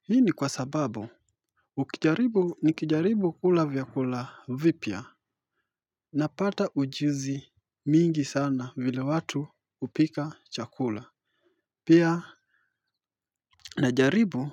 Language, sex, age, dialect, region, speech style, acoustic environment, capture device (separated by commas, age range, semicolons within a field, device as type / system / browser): Swahili, male, 25-34, Kenya - Mombasa, Kenya, relaxed, nature, mobile / Linux / Chrome